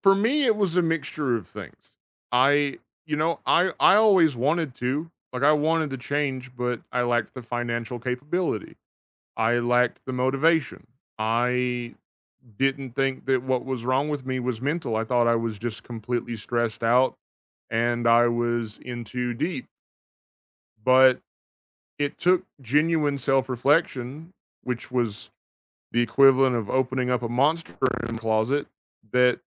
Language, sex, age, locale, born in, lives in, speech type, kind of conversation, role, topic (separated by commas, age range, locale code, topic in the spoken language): English, male, 30-34, en-US, United States, United States, conversation, unstructured, none, Is it okay to persuade someone for their own good?